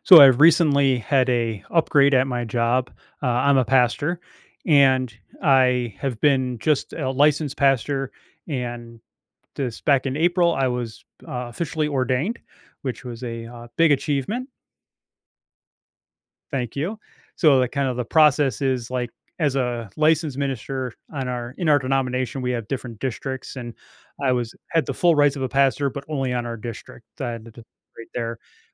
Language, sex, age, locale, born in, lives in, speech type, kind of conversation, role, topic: English, male, 45-49, en-US, United States, United States, conversation, advice, user, How can I get promoted at work?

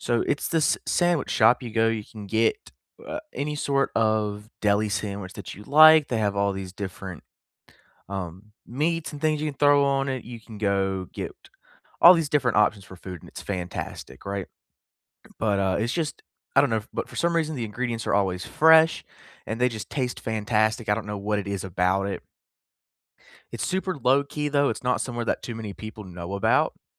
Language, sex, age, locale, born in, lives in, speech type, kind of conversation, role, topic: English, male, 25-29, en-US, United States, United States, conversation, unstructured, none, What hidden gems in your area do you love—such as cafés, parks, or quiet corners?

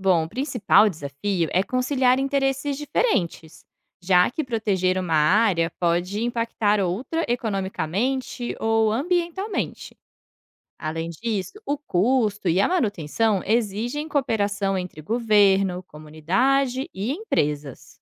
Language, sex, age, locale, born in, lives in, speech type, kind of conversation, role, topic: Portuguese, female, 30-34, pt-BR, Brazil, Portugal, read, expert-assistant, expert, Muitas pessoas falam sobre o aumento do nível do mar. Como checar se as informações que circulam sobre isso realmente vêm de pesquisas sérias?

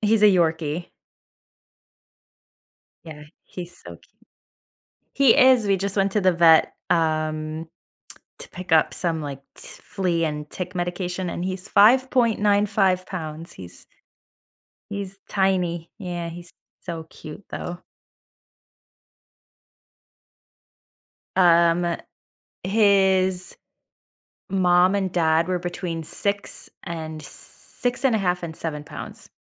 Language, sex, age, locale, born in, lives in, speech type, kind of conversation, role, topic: English, female, 30-34, en-US, United States, United States, conversation, advice, user, How can I reduce stress and take manageable steps to stabilize my finances?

